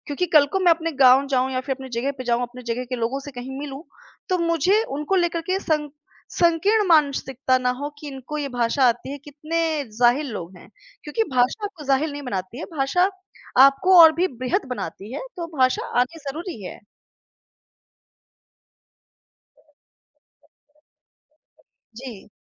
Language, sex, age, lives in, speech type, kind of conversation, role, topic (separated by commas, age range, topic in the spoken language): Hindi, female, 30-34, India, conversation, podcast, guest, आपकी भाषा ने आपकी पहचान को कैसे आकार दिया है?